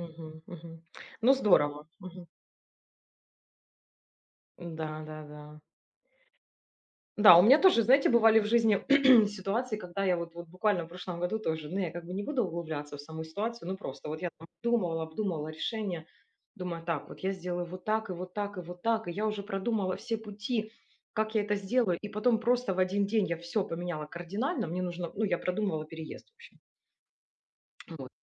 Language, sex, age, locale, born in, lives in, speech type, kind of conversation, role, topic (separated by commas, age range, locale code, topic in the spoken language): Russian, female, 40-44, ru-RU, Ukraine, Spain, conversation, unstructured, none, Как ты обычно принимаешь важные решения в жизни?